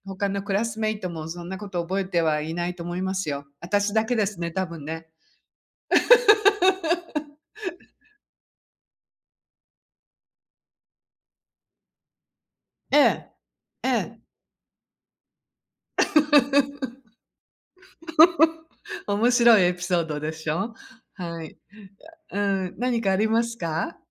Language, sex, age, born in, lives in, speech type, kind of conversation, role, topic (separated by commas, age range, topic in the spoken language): Japanese, female, 60-64, Japan, United States, conversation, unstructured, none, 先生から教わって特に印象に残っていることは何ですか？